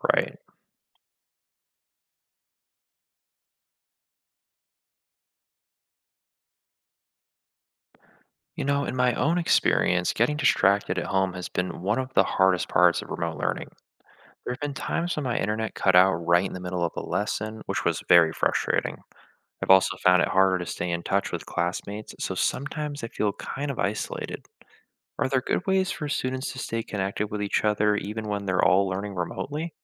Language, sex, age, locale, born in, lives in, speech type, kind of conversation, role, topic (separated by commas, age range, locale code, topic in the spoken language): English, male, 20-24, en-US, United States, United States, read, expert-assistant, asker, What are the benefits and challenges of remote learning for students?